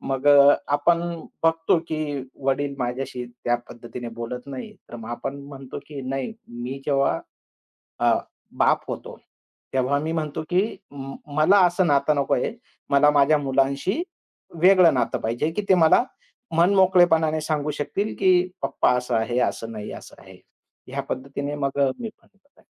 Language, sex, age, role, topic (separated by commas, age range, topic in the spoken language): Marathi, male, 45-49, guest, मुले आणि पालकांमधील संवाद वाढवण्यासाठी तुम्ही काय करता?